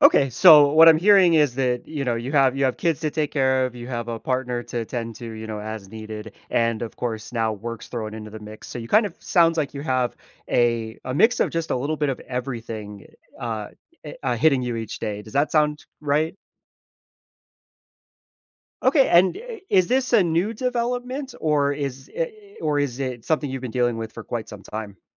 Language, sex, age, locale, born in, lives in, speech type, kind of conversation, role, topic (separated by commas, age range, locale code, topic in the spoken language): English, male, 30-34, en-US, United States, United States, conversation, advice, advisor, How can I balance work and home responsibilities without feeling overwhelmed?